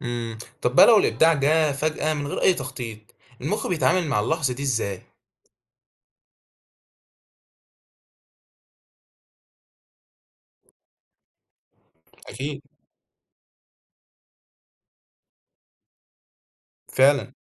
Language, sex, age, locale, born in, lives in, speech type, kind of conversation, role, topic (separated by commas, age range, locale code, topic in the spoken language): Arabic, male, 18-19, ar-EG, Egypt, Egypt, read, expert-assistant, asker, ممكن تشرحلي إزاي الإبداع بيتولد في دماغ الإنسان؟ يعني إيه اللي بيحصل جوا مخنا لما بنكون بنفكر بطريقة مبتكرة؟